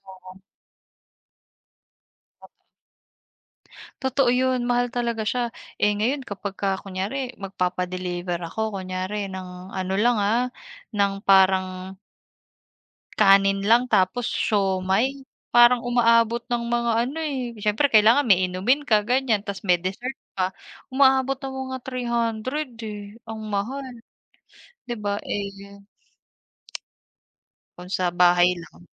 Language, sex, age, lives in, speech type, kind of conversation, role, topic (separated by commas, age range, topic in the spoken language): Filipino, female, 30-34, Philippines, conversation, unstructured, none, Ano ang natutuhan mo tungkol sa pera mula sa pamilya mo?